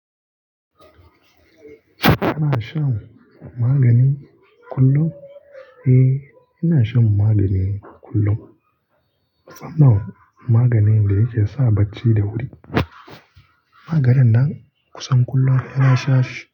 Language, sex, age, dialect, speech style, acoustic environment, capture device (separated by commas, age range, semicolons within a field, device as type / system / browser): Hausa, male, 25-34, Nigeria - Kano, relaxed; focused, nature; appliances, mobile / Linux / Chrome